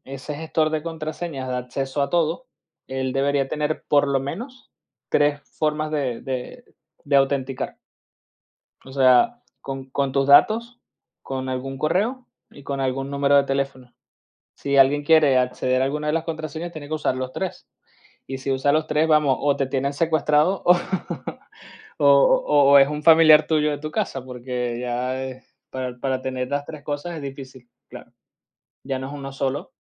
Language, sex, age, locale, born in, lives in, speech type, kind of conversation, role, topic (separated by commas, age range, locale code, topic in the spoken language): Spanish, male, 30-34, es-VE, Venezuela, Spain, conversation, podcast, guest, ¿Cómo te proteges de las estafas y los fraudes en línea?